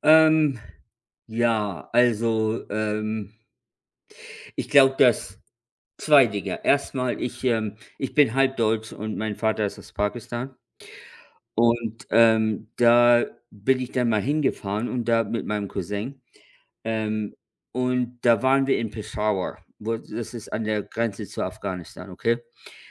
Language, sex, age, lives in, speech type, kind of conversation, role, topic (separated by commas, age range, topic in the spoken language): German, male, 55-59, United States, conversation, unstructured, none, Was war dein ungewöhnlichstes Abenteuer unterwegs?